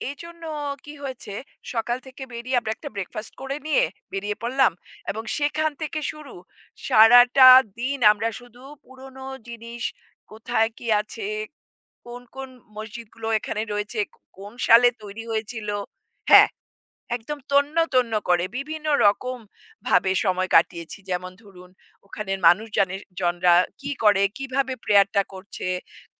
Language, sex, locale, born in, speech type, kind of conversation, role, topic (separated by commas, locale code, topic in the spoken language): Bengali, female, bn-IN, India, conversation, podcast, guest, আপনার সবচেয়ে স্মরণীয় ভ্রমণ অভিজ্ঞতা কী?